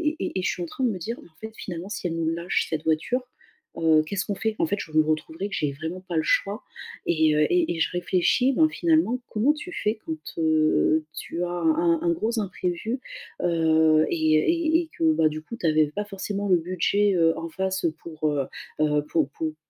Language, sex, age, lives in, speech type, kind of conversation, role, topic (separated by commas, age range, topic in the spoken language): French, female, 35-39, France, conversation, advice, user, Comment se préparer aux imprévus sans renoncer à profiter de la vie ?